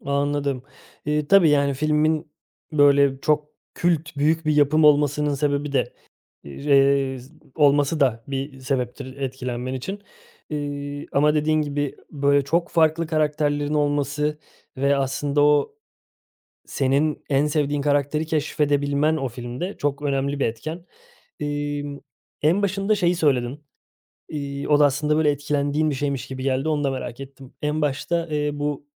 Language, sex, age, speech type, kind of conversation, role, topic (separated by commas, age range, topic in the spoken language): Turkish, male, 30-34, conversation, podcast, host, Hangi film karakteri seni en çok etkiledi, neden?